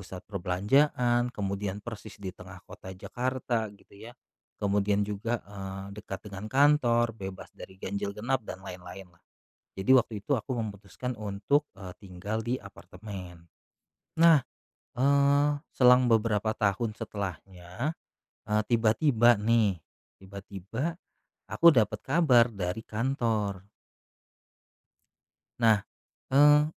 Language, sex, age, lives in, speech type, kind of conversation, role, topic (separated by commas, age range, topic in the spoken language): Indonesian, male, 35-39, Indonesia, conversation, podcast, guest, Bagaimana proses kamu saat memutuskan untuk membeli rumah atau tetap menyewa?